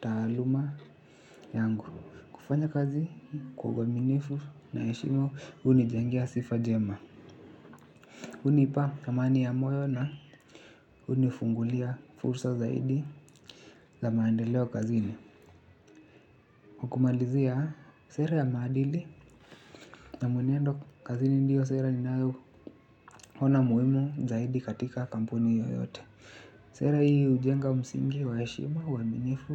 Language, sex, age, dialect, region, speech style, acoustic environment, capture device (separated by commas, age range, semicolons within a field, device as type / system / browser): Swahili, male, 25-34, Kenya - Nairobi Sheng-influenced, Kenya, happy, nature, desktop / Linux / Chrome